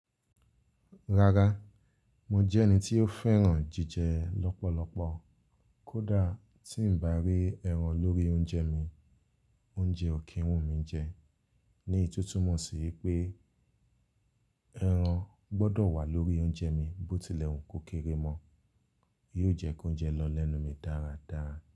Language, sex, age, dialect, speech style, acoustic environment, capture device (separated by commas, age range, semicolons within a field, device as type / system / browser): Yoruba, male, 25-34, Nigeria - Lagos Yoruba, relaxed, silence, mobile / Linux / Chrome